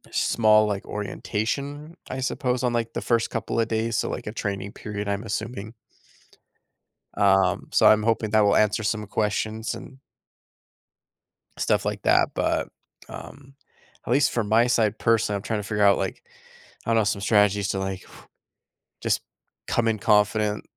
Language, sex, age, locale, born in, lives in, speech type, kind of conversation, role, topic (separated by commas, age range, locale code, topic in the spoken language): English, male, 30-34, en-US, United States, United States, conversation, advice, user, How can I manage nerves before starting a new job?